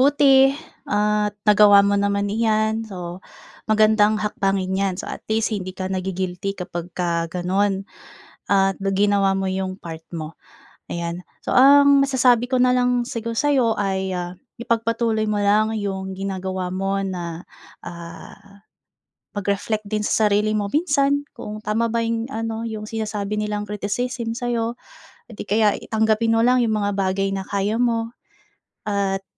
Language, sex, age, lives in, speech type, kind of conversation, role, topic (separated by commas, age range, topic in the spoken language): Filipino, female, 25-29, Philippines, conversation, advice, advisor, Paano ko pamamahalaan ang aking emosyon pagkatapos makatanggap ng kritisismo?